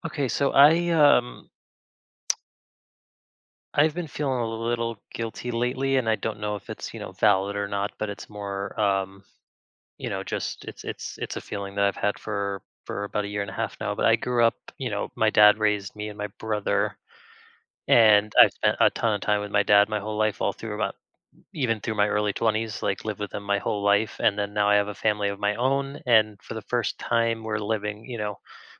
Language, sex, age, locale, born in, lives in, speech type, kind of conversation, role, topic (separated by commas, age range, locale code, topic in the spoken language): English, male, 35-39, en-US, United States, United States, conversation, advice, user, How can I find daily personal time while meeting family responsibilities?